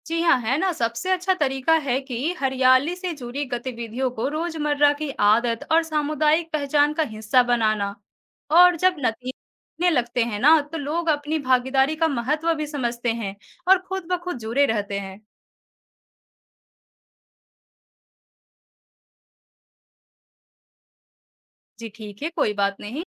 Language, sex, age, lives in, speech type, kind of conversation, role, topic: Hindi, female, 20-24, India, read, expert-assistant, expert, शहरों में हरियाली बढ़ाने के लिए कौन-कौन से नए विचार या तरीके आज़माए जा सकते हैं?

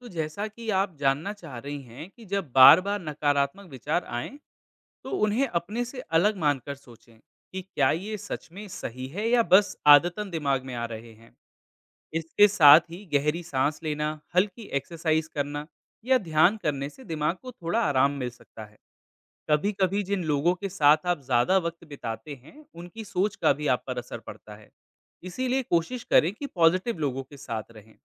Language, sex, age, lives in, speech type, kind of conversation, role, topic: Hindi, male, 25-29, India, read, expert-assistant, expert, सकारात्मक सोच से जीवन में क्या बदलाव आते हैं?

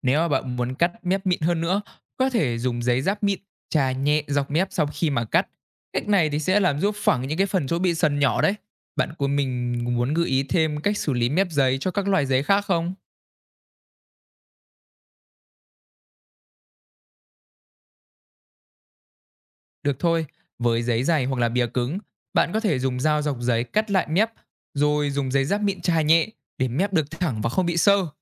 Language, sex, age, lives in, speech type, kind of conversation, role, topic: Vietnamese, male, 20-24, Vietnam, read, expert-assistant, expert, Tại sao khi cắt giấy, giấy lại bị rách theo đường kéo?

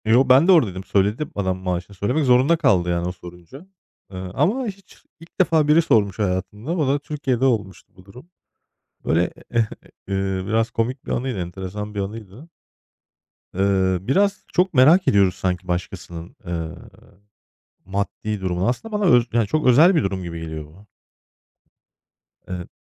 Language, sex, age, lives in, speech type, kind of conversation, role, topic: Turkish, male, 35-39, Germany, conversation, unstructured, none, Para yüzünden aile ve arkadaş ilişkileri bozulur mu?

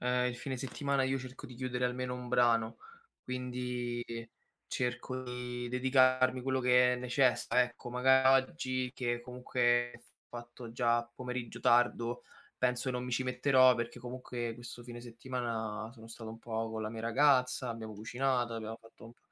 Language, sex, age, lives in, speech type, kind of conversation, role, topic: Italian, male, 25-29, Italy, conversation, advice, user, Come posso proteggere le mie sessioni creative dalle interruzioni quotidiane?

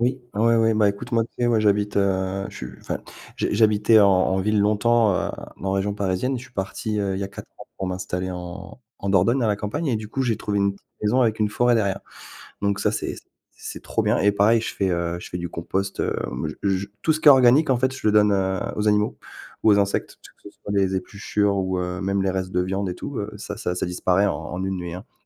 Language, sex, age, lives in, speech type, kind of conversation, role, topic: French, male, 40-44, France, conversation, unstructured, none, Comment réagis-tu face à la déforestation massive dans le monde ?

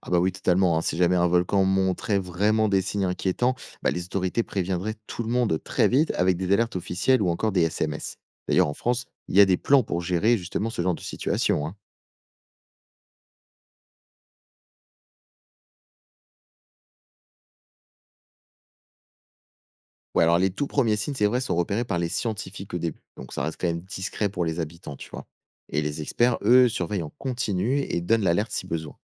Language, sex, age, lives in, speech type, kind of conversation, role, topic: French, male, 20-24, France, read, expert-assistant, expert, Quand on lit qu’un volcan français pourrait se réveiller, comment vérifier si c’est sérieux ou exagéré ?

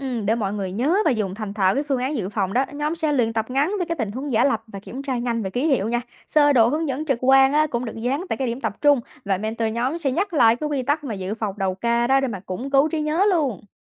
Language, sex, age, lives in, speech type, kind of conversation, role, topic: Vietnamese, female, 20-24, United States, read, expert-assistant, expert, Làm sao để phối hợp hiệu quả giữa nhiều phòng ban khi xử lý tình huống khẩn cấp, ví dụ như thiên tai hay cháy nổ?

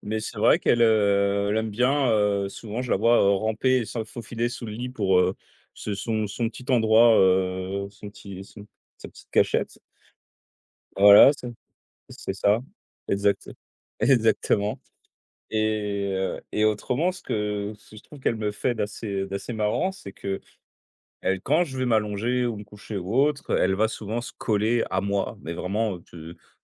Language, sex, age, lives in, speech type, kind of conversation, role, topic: French, male, 30-34, France, conversation, unstructured, none, Quelle est la chose la plus surprenante que ton animal ait déjà faite ?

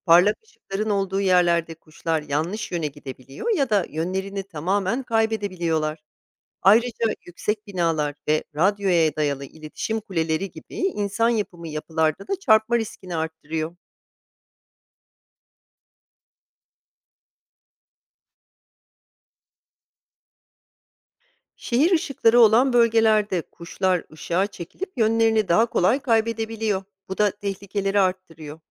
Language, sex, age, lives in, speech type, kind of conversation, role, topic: Turkish, female, 40-44, United States, read, expert-assistant, expert, Kuşlar göç ederken nasıl yön bulur?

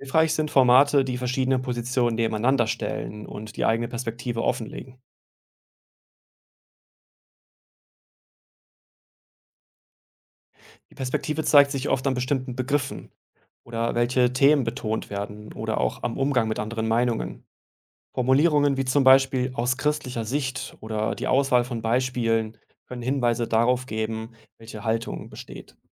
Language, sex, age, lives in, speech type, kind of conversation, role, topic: German, male, 35-39, Germany, read, expert-assistant, expert, Was macht eine gute Quelle aus, wenn es um Fragen des Glaubens geht?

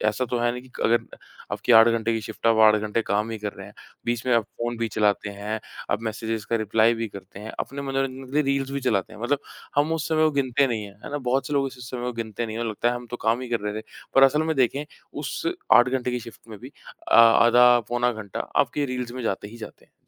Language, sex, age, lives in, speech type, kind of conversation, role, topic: Hindi, male, 25-29, India, conversation, podcast, guest, डिजिटल डिटॉक्स करने का तुम्हारा तरीका क्या है?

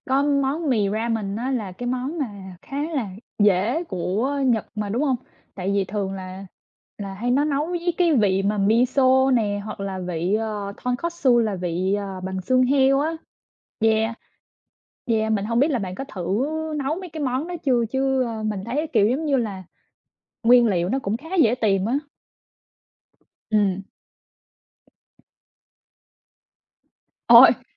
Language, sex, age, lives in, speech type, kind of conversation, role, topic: Vietnamese, female, 25-29, United States, conversation, unstructured, none, Bạn có muốn thành thạo ẩm thực Nhật Bản không?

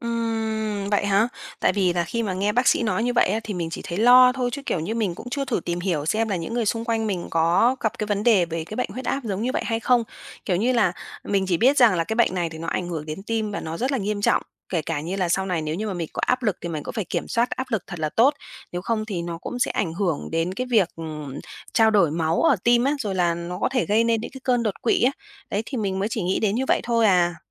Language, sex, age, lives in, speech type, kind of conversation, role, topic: Vietnamese, female, 30-34, Vietnam, conversation, advice, user, Bạn vừa nhận chẩn đoán sức khỏe mới và đang lo lắng không biết tương lai sẽ ra sao phải không?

